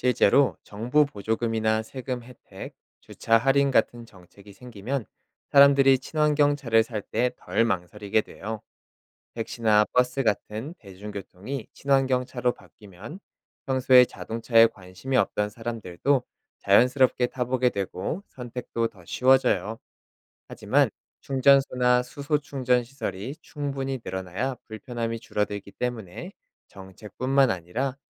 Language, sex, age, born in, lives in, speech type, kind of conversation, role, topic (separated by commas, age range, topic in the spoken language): Korean, male, 30-34, South Korea, South Korea, read, expert-assistant, expert, 자동차의 대중화가 사회에 가져온 변화에는 어떤 것이 있나요?